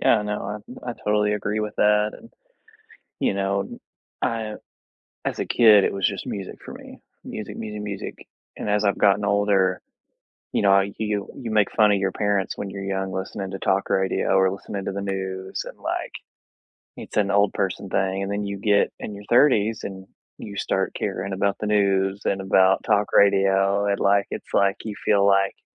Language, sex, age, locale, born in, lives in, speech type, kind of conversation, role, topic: English, male, 30-34, en-US, United States, United States, conversation, unstructured, none, Which songs or podcasts have lifted your mood lately, and why do they work for you?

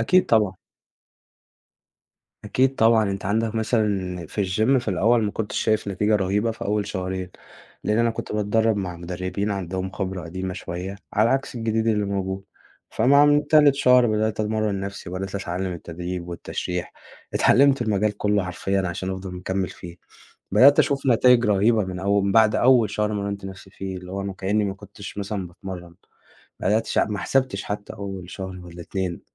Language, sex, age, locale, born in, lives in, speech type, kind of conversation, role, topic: Arabic, male, 20-24, ar-EG, Egypt, Egypt, conversation, podcast, guest, إيه العادات الصغيرة اللي غيّرت صحتك للأحسن؟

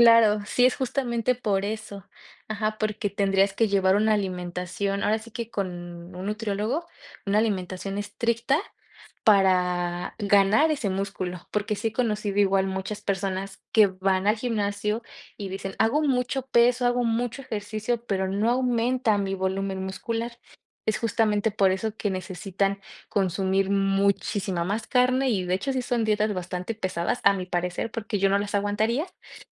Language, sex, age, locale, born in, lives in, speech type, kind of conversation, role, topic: Spanish, female, 25-29, es-MX, Mexico, Mexico, conversation, unstructured, none, ¿Crees que el ejercicio puede mejorar tu estado de ánimo?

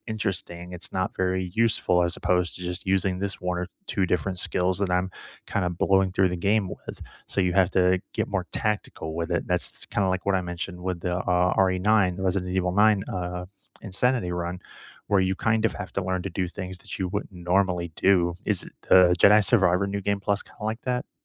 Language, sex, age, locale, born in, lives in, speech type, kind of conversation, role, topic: English, male, 35-39, en-US, United States, United States, conversation, unstructured, none, Which video game stories felt more powerful than most movies for you, and why did they stick with you?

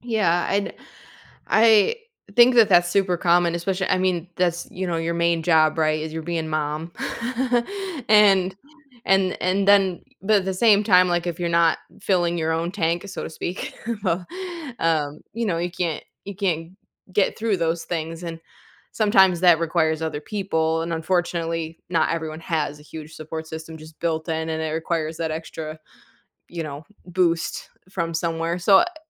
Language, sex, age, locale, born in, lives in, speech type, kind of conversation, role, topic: English, female, 35-39, en-US, United States, United States, conversation, advice, advisor, How can I reduce stress from my daily responsibilities?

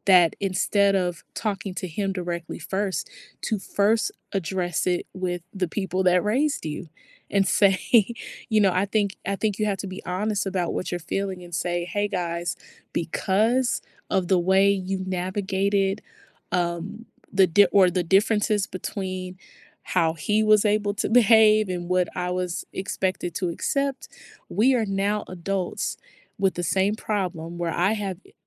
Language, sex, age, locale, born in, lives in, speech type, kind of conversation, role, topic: English, female, 30-34, en-US, United States, United States, conversation, advice, advisor, How can I stay calm and defuse tension at a family gathering?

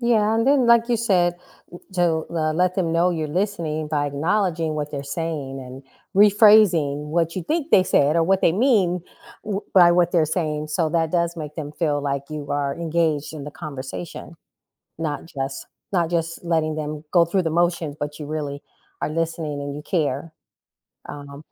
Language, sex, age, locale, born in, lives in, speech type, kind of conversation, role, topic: English, female, 55-59, en-US, United States, United States, conversation, podcast, host, What are effective ways to resolve misunderstandings while maintaining respect and understanding?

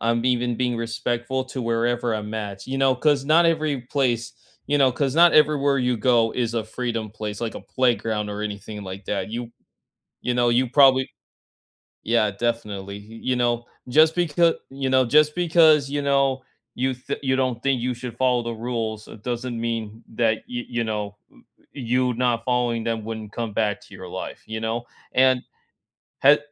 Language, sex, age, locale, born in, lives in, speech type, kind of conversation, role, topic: English, male, 20-24, en-US, United States, United States, conversation, unstructured, none, What’s a conversation you can’t forget, and how did it shape you?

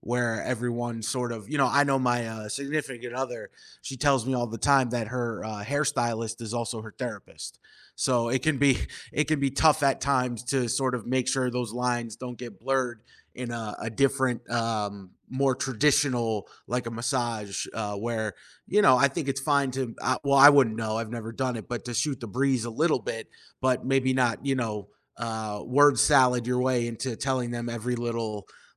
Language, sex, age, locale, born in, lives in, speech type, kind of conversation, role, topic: English, male, 30-34, en-US, United States, United States, conversation, podcast, host, How do you stay motivated and focused when life takes an unexpected turn?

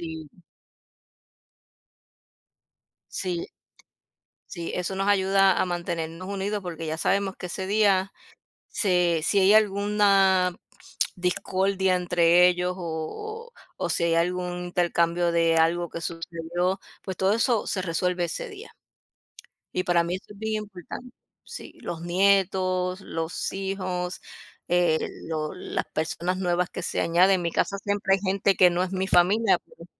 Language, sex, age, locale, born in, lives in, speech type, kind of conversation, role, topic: Spanish, female, 50-54, es-US, United States, United States, conversation, unstructured, none, ¿Qué tradiciones familiares te hacen sentir feliz?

